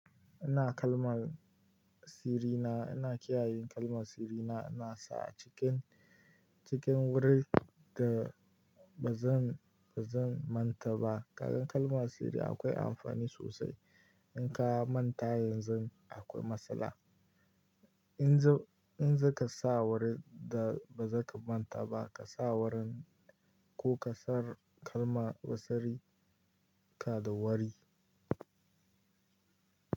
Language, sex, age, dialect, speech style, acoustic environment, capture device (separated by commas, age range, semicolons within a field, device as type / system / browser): Hausa, male, 18-24, Nigeria - Kano, relaxed; happy, nature, mobile / Linux / Chrome